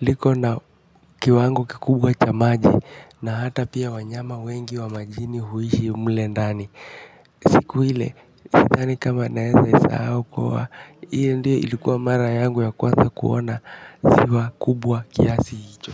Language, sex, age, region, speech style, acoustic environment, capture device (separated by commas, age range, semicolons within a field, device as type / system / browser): Swahili, male, 18-24, Kenya, focused, nature, mobile / Linux / Chrome